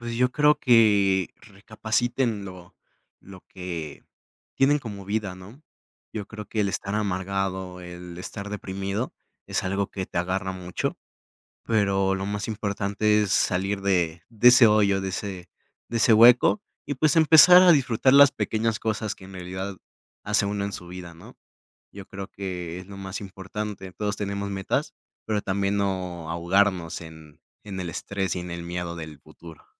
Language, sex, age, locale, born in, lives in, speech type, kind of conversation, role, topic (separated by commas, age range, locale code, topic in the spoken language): Spanish, male, 20-24, es-MX, Mexico, Mexico, conversation, podcast, guest, ¿Cómo le enseñarías a alguien a disfrutar del juego?